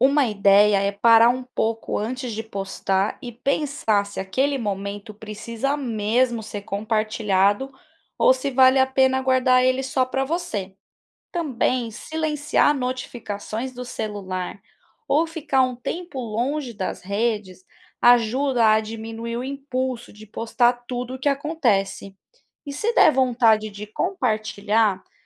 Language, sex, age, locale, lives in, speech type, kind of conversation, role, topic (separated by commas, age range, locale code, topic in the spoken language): Portuguese, female, 30-34, pt-BR, Spain, read, expert-assistant, expert, Quais são os impactos das redes sociais nas amizades hoje em dia?